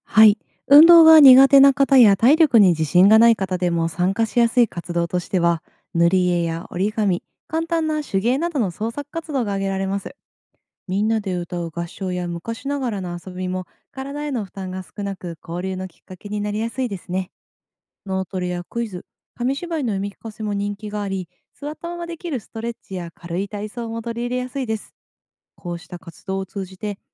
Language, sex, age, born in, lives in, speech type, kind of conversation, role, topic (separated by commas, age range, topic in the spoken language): Japanese, female, 30-34, Japan, Japan, read, expert-assistant, expert, 地域で誰もが参加しやすい活動にはどんな工夫がありますか？